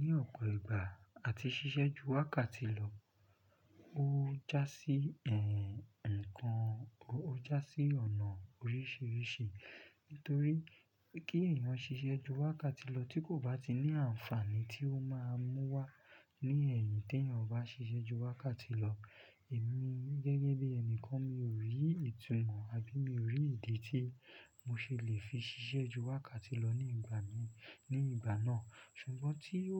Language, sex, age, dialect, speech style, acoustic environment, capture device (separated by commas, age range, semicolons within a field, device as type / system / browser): Yoruba, male, 25-34, Nigeria - Lagos Yoruba, focused, silence, desktop / Linux / Chrome